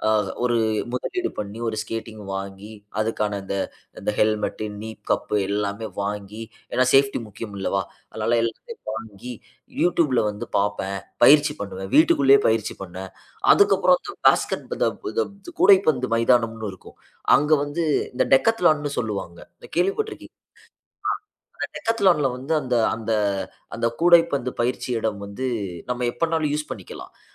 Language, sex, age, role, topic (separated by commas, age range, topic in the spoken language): Tamil, male, 25-29, guest, புதிய பொழுதுபோக்கைத் தொடங்கிய அனுபவம் உங்களுக்கு எப்படி இருந்தது?